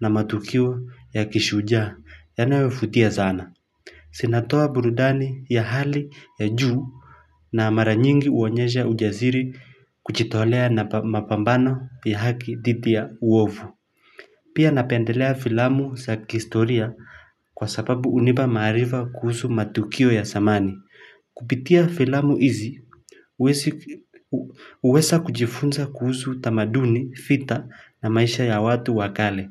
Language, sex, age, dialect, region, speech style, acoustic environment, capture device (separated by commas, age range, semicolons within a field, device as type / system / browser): Swahili, male, 25-34, Kenya - Mombasa, Kenya, happy; focused, silence, mobile / Linux / Chrome